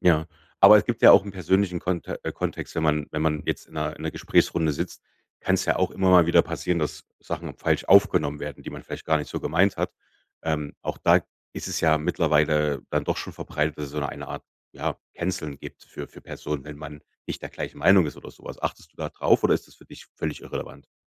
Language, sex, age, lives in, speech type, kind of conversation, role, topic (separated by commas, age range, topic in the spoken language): German, male, 35-39, Germany, conversation, podcast, host, Wie passt du deinen Tonfall online im Vergleich zu persönlichen Gesprächen an?